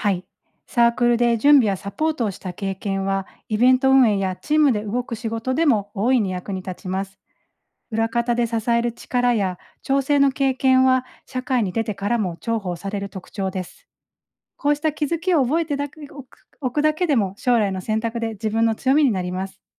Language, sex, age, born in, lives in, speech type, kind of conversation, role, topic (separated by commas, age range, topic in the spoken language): Japanese, female, 40-44, Japan, Japan, read, expert-assistant, expert, 目標や興味が変わったとき、どう前向きに行動できますか？